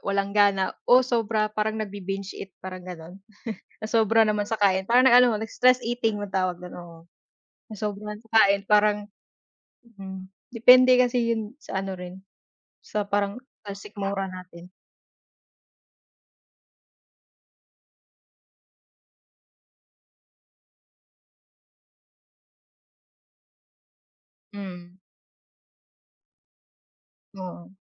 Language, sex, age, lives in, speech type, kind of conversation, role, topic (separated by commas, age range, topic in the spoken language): Filipino, female, 25-29, Philippines, conversation, unstructured, none, Ano ang paborito mong pagkain kapag gusto mong sumaya?